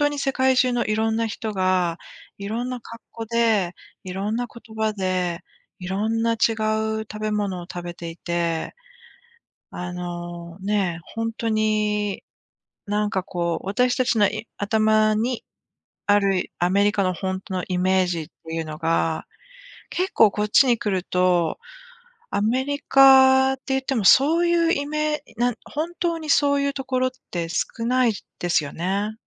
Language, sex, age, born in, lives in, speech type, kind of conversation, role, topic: Japanese, female, 45-49, Japan, United States, conversation, unstructured, none, 旅行に行くとき、何を一番楽しみにしていますか？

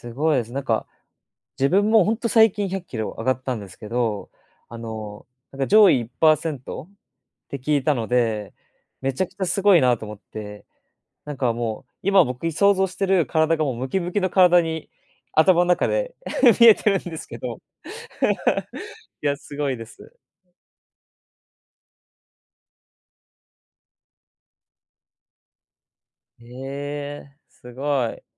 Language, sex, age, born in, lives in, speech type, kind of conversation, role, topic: Japanese, male, 20-24, Japan, Japan, conversation, unstructured, none, 好きなスポーツは何ですか？その理由も教えてください。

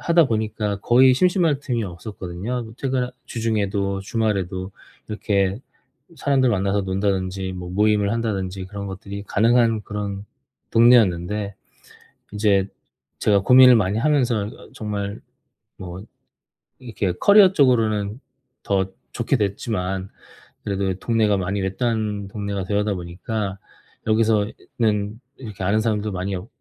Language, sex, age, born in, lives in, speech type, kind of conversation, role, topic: Korean, male, 40-44, South Korea, South Korea, conversation, advice, user, 낯선 환경에서 느끼는 외로움과 그리움을 어떻게 건강하게 다루면 좋을까요?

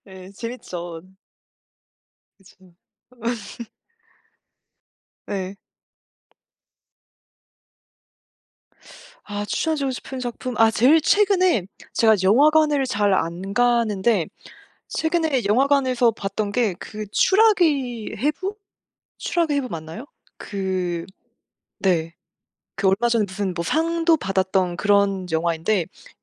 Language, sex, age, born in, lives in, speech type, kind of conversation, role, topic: Korean, female, 25-29, South Korea, France, conversation, unstructured, none, 가장 좋아하는 영화 장르는 무엇인가요?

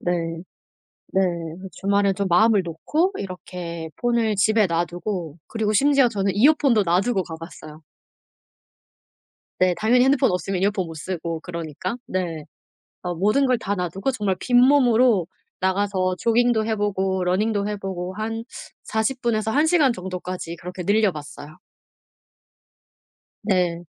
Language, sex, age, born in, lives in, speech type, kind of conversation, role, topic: Korean, female, 35-39, South Korea, South Korea, conversation, podcast, guest, 스마트폰을 보지 않고 자연을 더 잘 즐길 수 있는 방법은 무엇인가요?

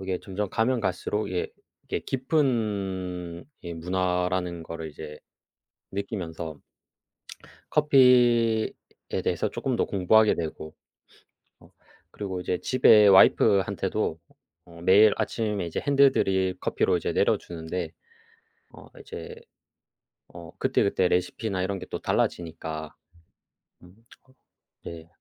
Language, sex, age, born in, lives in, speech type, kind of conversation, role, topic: Korean, male, 25-29, South Korea, South Korea, conversation, unstructured, none, 음식과 관련된 추억 중 가장 기억에 남는 것은 무엇인가요?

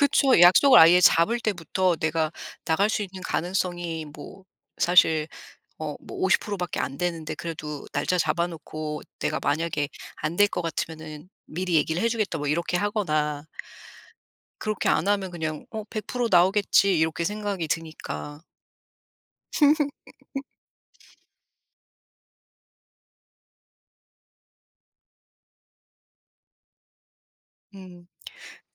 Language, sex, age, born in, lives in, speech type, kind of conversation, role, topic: Korean, female, 40-44, South Korea, United States, conversation, unstructured, none, 약속을 지키지 못했을 때 어떻게 진정성 있게 사과하면 좋을까요?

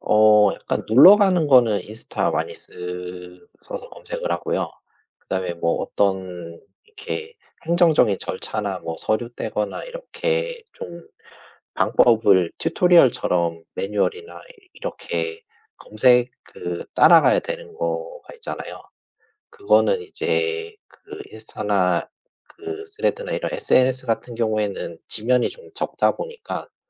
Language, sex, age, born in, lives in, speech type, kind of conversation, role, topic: Korean, male, 40-44, South Korea, Japan, conversation, unstructured, none, SNS와 블로그 중 어떤 플랫폼이 소통에 더 적합하다고 생각하시나요?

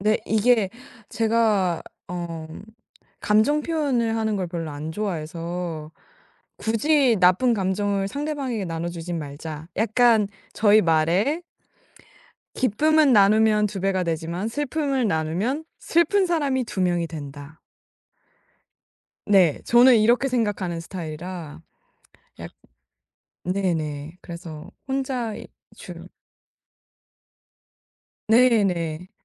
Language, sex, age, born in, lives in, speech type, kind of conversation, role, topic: Korean, female, 18-19, South Korea, United States, conversation, podcast, guest, 스트레스가 쌓일 때 우리 몸은 어떤 신호를 보내나요?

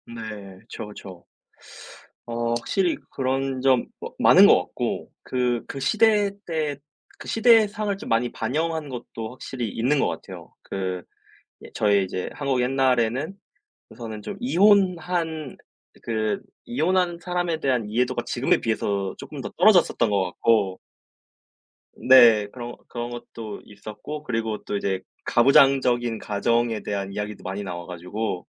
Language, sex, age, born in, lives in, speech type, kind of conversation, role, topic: Korean, male, 20-24, South Korea, South Korea, conversation, unstructured, none, 요즘 가장 재미있게 본 영화는 무엇인가요?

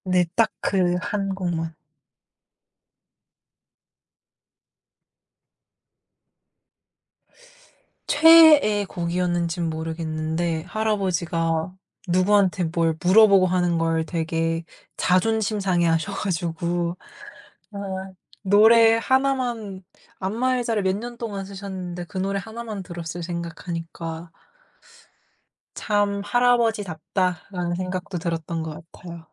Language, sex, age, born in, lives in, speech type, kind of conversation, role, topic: Korean, female, 18-19, South Korea, United States, conversation, podcast, guest, 음악을 들으면 떠오르는 특별한 추억 하나를 들려주실 수 있나요?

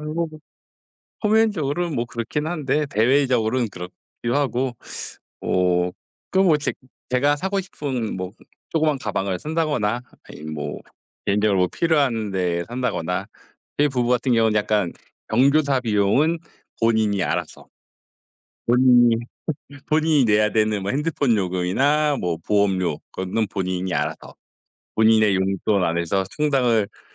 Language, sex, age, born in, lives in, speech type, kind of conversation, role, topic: Korean, male, 40-44, South Korea, South Korea, conversation, unstructured, none, 비상금이 없으면 어떤 상황이 가장 걱정되시나요?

